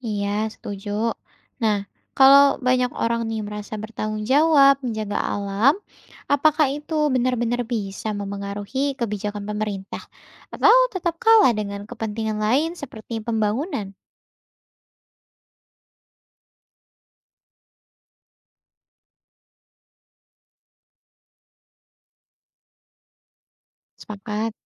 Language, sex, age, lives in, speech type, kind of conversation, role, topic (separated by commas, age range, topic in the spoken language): Indonesian, female, 20-24, Indonesia, read, expert-assistant, asker, Bagaimana manusia dapat menyeimbangkan pelestarian alam dan kebutuhan ekonomi di taman kota?